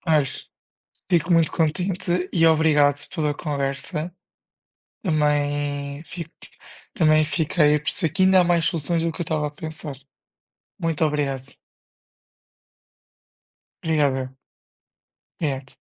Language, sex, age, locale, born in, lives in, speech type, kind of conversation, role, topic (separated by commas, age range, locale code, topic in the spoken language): Portuguese, male, 20-24, pt-PT, Portugal, Portugal, conversation, unstructured, none, Você acha que as redes sociais criam mais problemas do que soluções?